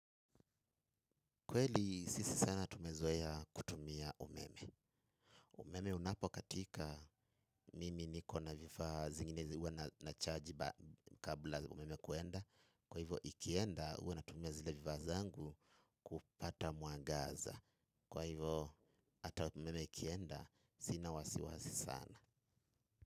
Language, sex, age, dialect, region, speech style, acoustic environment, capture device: Swahili, male, 25-34, Kenya - Nairobi Sheng-influenced, Kenya, happy, nature, mobile / Linux / Chrome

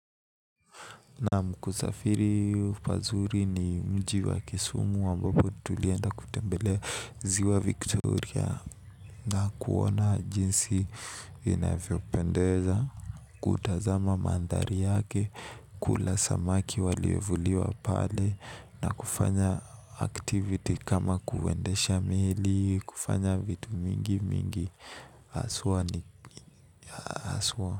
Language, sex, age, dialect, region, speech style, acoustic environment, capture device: Swahili, male, 18-24, Kenya - Nairobi Sheng-influenced, Kenya, excited, nature, mobile / Linux / Chrome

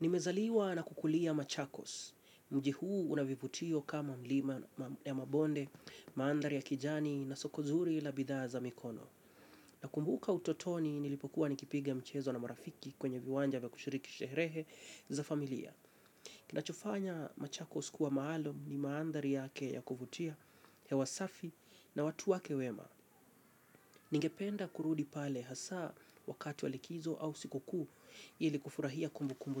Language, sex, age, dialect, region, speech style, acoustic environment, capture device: Swahili, male, 25-34, Kenya - Mombasa, Kenya, focused; relaxed, silence, mobile / Linux / Chrome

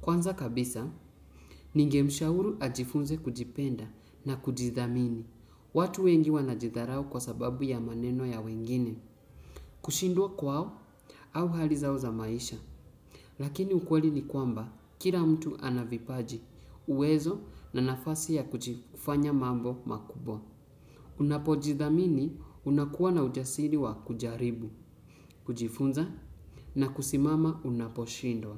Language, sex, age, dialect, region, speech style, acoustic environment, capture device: Swahili, male, 18-24, Kenya - Mombasa, Kenya, focused, silence, desktop / Windows / Chrome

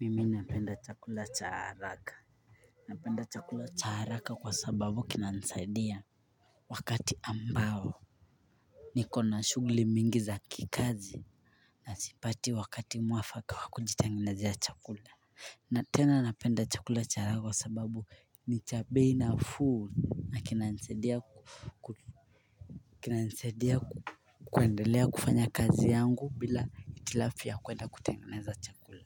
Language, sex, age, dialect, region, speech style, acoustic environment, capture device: Swahili, male, 25-34, Kenya - Mombasa, Kenya, focused, silence, mobile / Linux / Chrome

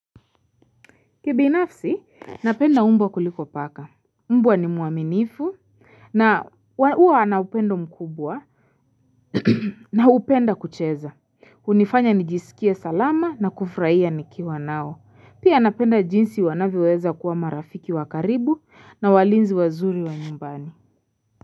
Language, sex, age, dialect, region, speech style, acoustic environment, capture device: Swahili, female, 25-34, Kenya - Nairobi Sheng-influenced, Kenya, focused, silence, mobile / Linux / Chrome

